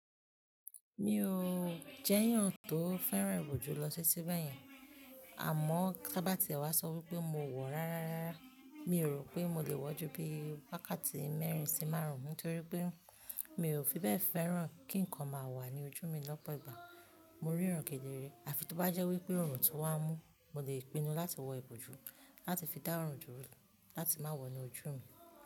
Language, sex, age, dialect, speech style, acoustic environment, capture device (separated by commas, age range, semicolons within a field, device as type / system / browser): Yoruba, female, 25-34, Nigeria - Ibadan, happy, people talking, mobile / Linux / Chrome